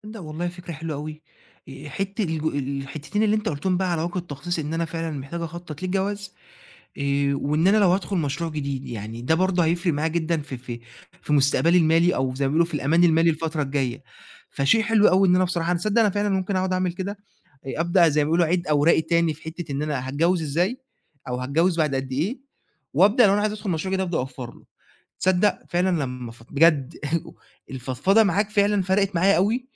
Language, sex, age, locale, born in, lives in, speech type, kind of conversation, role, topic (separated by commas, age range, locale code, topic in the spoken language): Arabic, male, 20-24, ar-EG, Egypt, Egypt, conversation, advice, user, إزاي أوازن بين متع النهارده والادخار علشان أمان مالي في المستقبل؟